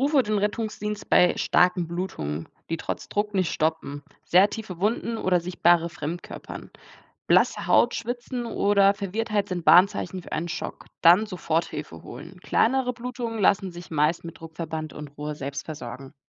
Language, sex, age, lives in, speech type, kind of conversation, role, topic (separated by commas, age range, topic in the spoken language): German, female, 20-24, Germany, read, expert-assistant, expert, Wie kann ich lernen, in Notfällen den Überblick zu behalten?